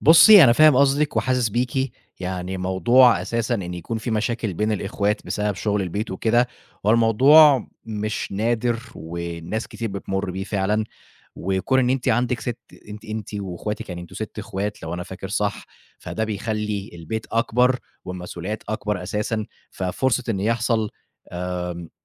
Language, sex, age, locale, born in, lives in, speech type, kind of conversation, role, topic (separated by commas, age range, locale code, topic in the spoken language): Arabic, male, 25-29, ar-EG, Egypt, Egypt, conversation, advice, advisor, توتر بين الأشقاء بسبب مسؤوليات المنزل المشتركة